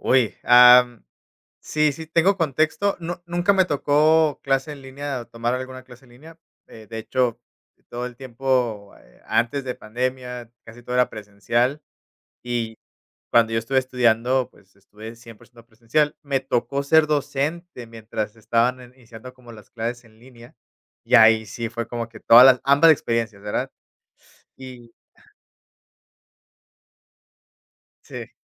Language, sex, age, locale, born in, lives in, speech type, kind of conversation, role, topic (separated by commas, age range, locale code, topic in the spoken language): Spanish, male, 30-34, es-MX, Mexico, Mexico, conversation, podcast, guest, ¿Qué opinas de las clases en línea en comparación con las presenciales?